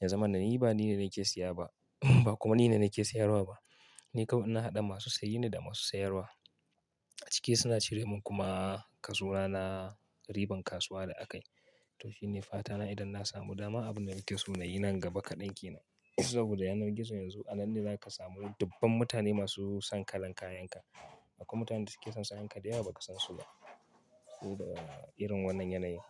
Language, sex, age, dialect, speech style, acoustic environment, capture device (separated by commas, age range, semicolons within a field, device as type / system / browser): Hausa, male, 25-34, Nigeria - Kano, focused, nature; appliances, mobile / Linux / Chrome